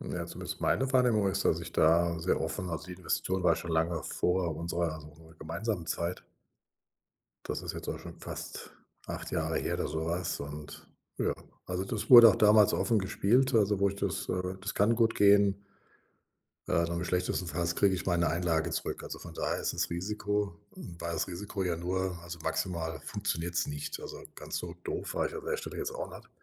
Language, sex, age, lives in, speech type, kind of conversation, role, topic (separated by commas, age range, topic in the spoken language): German, male, 60-64, Germany, conversation, advice, user, Wie kann ich mit wiederkehrenden Schuldzuweisungen in der Familie umgehen?